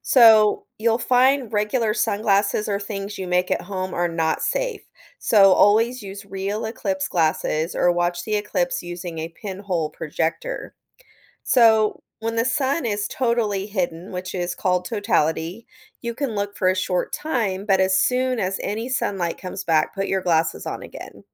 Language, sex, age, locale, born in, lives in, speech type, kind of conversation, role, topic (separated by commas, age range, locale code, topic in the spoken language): English, female, 40-44, en-US, United States, United States, read, expert-assistant, expert, What is the difference between a lunar eclipse and a solar eclipse?